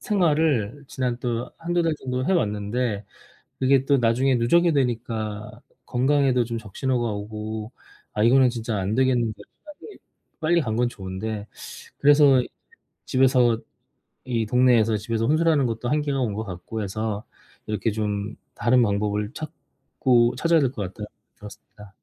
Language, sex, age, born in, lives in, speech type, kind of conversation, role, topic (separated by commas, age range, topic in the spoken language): Korean, male, 40-44, South Korea, South Korea, conversation, advice, user, 낯선 환경에서 느끼는 외로움과 그리움을 어떻게 건강하게 다루면 좋을까요?